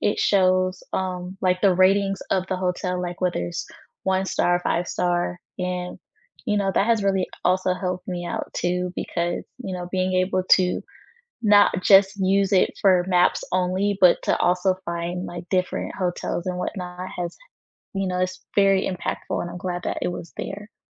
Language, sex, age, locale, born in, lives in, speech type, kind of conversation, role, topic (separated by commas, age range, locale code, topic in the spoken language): English, female, 20-24, en-US, United States, United States, conversation, unstructured, none, Which travel or map apps have genuinely helped you get around town, and how did they help?